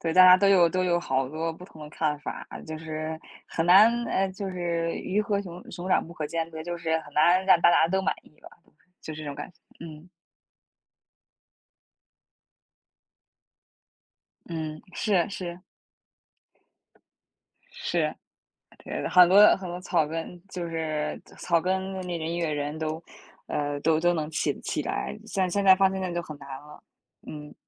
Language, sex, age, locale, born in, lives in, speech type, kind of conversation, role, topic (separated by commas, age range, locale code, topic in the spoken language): Chinese, female, 20-24, zh-CN, China, United States, conversation, unstructured, none, 你觉得追求梦想更重要，还是面对现实更重要？